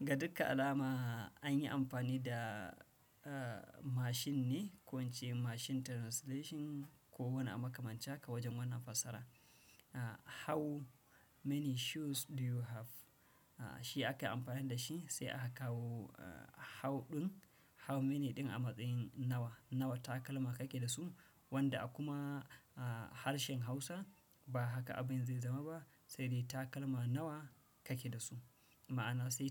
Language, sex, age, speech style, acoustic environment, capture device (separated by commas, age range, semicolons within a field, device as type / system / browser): Hausa, male, 35-44, anxious; tired; relaxed; happy; nervous; excited; focused, silence; nature; appliances; people talking, desktop / Windows / Chrome